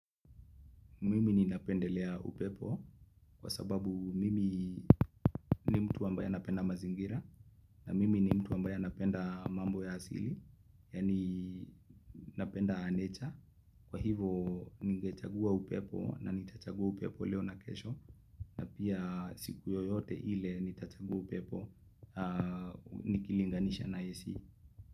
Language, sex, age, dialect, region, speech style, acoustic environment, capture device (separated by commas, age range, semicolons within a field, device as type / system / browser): Swahili, male, 25-34, Kenya - Nairobi Sheng-influenced, Kenya, relaxed; focused, nature; silence; appliances, mobile / Linux / Chrome